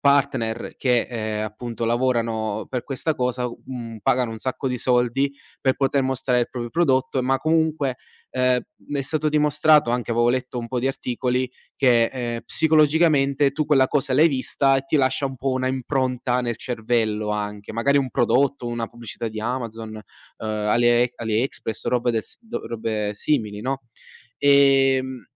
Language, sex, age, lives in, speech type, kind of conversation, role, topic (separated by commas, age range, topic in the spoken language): Italian, male, 20-24, Italy, conversation, podcast, guest, Come fai a disintossicarti dai social quando serve?